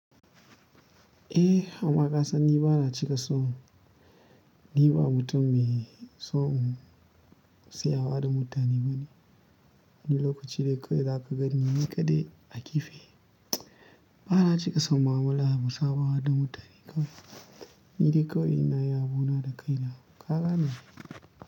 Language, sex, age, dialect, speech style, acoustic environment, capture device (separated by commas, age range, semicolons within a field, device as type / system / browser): Hausa, male, 25-34, Nigeria - Kano, tired; happy, nature, mobile / Linux / Chrome